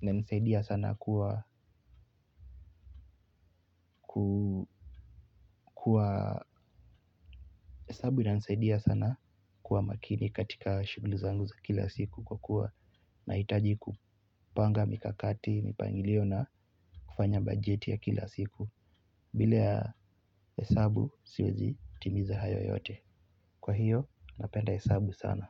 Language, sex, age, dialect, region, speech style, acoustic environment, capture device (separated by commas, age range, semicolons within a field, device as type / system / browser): Swahili, male, 18-24, Kenya - Nairobi Sheng-influenced, Kenya, relaxed, silence, mobile / Linux / Chrome